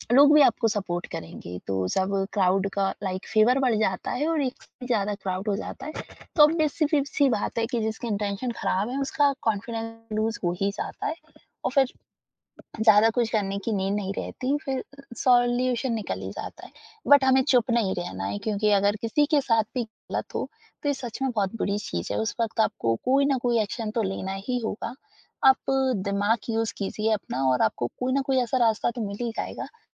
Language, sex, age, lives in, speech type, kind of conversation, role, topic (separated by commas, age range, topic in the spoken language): Hindi, female, 20-24, India, conversation, podcast, guest, Public transport ya bheed-bhaad wali jagahon mein apni personal space ko safely kaise signal karein?